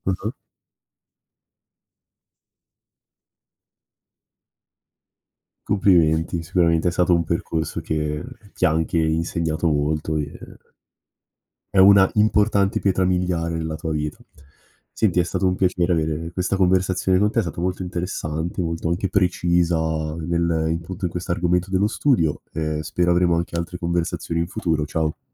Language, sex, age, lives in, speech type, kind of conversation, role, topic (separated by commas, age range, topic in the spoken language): Italian, male, 18-19, Italy, conversation, podcast, host, Quali abitudini di studio ti hanno cambiato la vita?